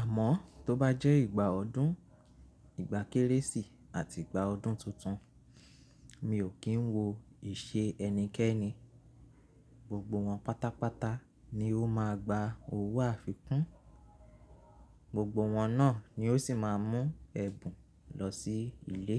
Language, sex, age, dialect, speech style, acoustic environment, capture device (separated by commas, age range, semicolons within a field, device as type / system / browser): Yoruba, male, 25-34, Nigeria - Nigerian Standard, relaxed; focused; anxious, appliances; silence, mobile / Linux / Chrome